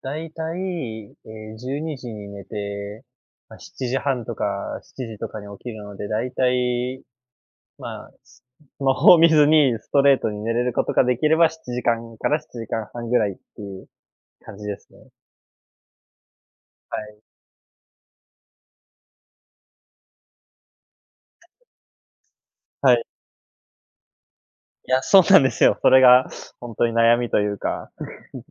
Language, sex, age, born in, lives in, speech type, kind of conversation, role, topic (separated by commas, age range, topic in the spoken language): Japanese, male, 20-24, Japan, Japan, conversation, advice, user, 寝る前についスマートフォンを見てしまって睡眠時間が短くなるのはなぜですか？